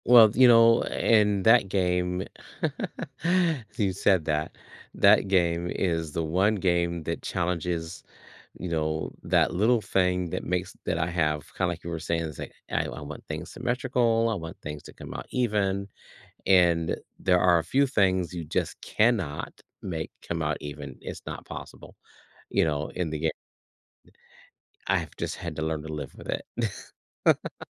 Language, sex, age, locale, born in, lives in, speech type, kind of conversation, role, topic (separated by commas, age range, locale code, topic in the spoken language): English, male, 55-59, en-US, United States, United States, conversation, podcast, guest, How do your hobbies reflect what you value or enjoy in life?